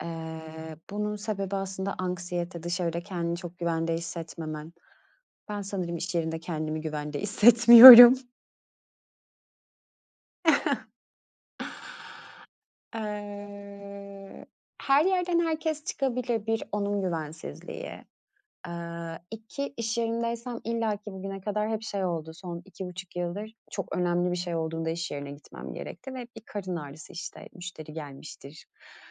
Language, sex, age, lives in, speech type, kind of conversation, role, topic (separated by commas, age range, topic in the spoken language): Turkish, female, 35-39, Greece, conversation, podcast, guest, Evden çalışmak seni daha mı tatmin ediyor, neden?